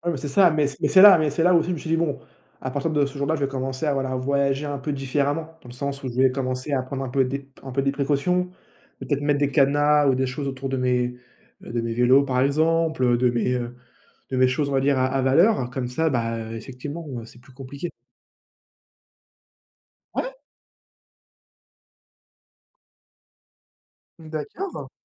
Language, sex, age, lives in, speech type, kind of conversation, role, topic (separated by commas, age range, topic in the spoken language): French, male, 20-24, France, conversation, unstructured, none, Quelle est la chose la plus surprenante que tu aies vue en voyage ?